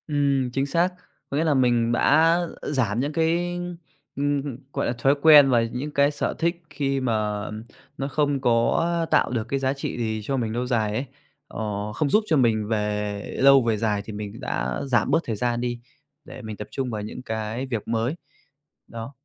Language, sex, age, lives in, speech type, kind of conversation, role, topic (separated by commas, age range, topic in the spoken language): Vietnamese, male, 25-29, Vietnam, conversation, podcast, guest, Bạn làm sao để phân biệt điều gì chỉ có giá trị nhất thời và điều gì thực sự bền lâu?